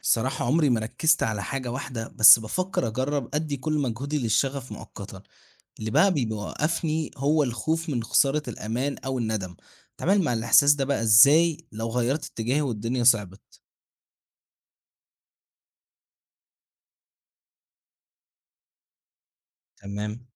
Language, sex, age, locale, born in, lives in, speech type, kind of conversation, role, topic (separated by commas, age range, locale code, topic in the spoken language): Arabic, male, 18-19, ar-EG, Egypt, Egypt, read, expert-assistant, asker, إيه الفرق بين إن الواحد يختار شغل بيحبه وبين شغل بيحققله استقرار مادي؟ وهل في طريقة أوضح أقدر أوازن بينهم؟